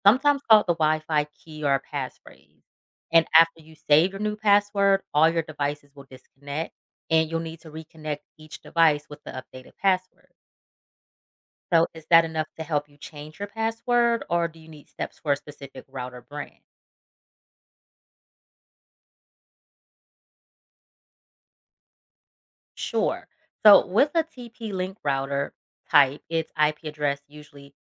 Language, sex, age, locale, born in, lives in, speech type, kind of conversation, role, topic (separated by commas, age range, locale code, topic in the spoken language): English, female, 35-39, en-US, United States, United States, read, expert-assistant, expert, How does Wi-Fi provide wireless internet access?